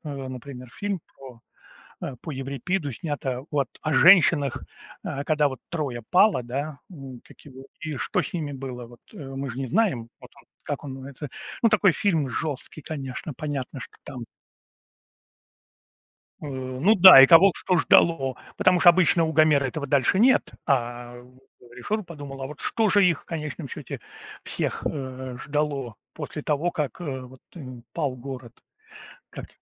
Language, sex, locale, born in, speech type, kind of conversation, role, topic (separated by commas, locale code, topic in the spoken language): Russian, male, ru-RU, Russia, conversation, unstructured, none, Какой фильм вам нравится больше всего и почему?